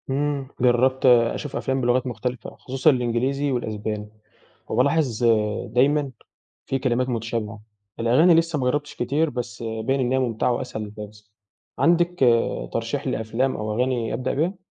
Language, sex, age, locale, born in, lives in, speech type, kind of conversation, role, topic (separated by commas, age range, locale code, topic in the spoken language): Arabic, male, 18-19, ar-EG, Egypt, Egypt, read, expert-assistant, asker, إزاي أعمل خطة بسيطة عشان أكتشف أوجه الشبه والاختلاف بين كذا لغة من غير ما الموضوع يبقى معقد؟